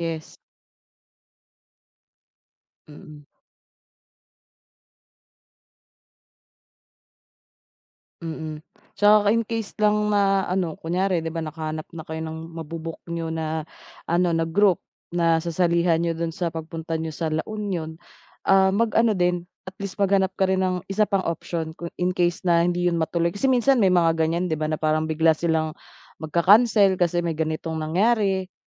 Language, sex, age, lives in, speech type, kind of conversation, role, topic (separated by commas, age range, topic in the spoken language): Filipino, female, 30-34, Philippines, conversation, advice, advisor, Paano ako makakatiyak na ligtas at komportable ang aking paglalakbay?